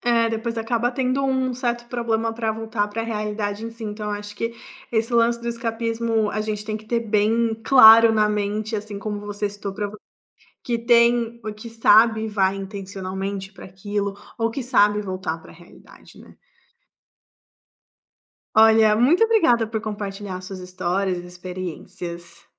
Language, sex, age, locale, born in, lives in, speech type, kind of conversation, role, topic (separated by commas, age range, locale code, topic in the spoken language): Portuguese, female, 25-29, pt-BR, Brazil, Italy, conversation, podcast, host, O que, na sua visão, faz uma história ser escapista?